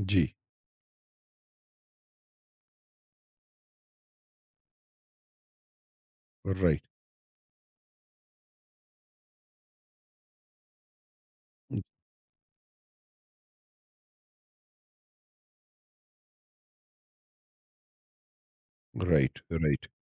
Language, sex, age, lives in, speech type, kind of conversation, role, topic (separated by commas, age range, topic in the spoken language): Hindi, male, 50-54, India, conversation, podcast, host, Roz-marrah ki zindagi ko simple rakhne se environment kaise behtar hota hai?